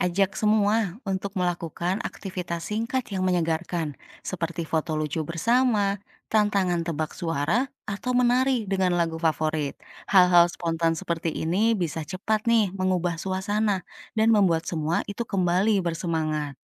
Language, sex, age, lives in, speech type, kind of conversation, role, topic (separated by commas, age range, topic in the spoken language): Indonesian, female, 35-39, Indonesia, read, expert-assistant, expert, Bagaimana Merencanakan Liburan Keluarga Agar Semua Bisa Menikmati?